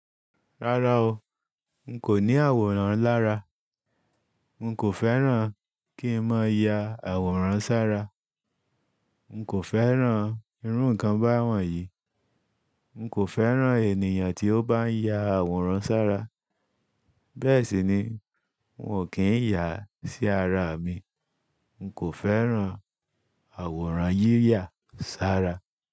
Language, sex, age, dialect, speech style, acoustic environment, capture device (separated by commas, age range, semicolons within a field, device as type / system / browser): Yoruba, male, 35-44, Nigeria - Ibadan, focused, silence, desktop / Linux / Chrome